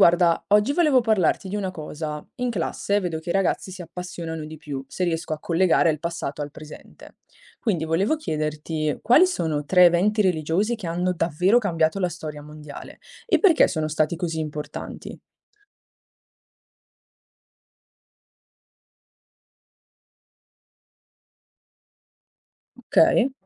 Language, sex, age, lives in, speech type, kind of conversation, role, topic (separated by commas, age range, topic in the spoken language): Italian, female, 20-24, Italy, read, expert-assistant, asker, Se dovessi scegliere tre eventi religiosi che hanno cambiato il corso della storia, quali metteresti in cima alla lista e perché?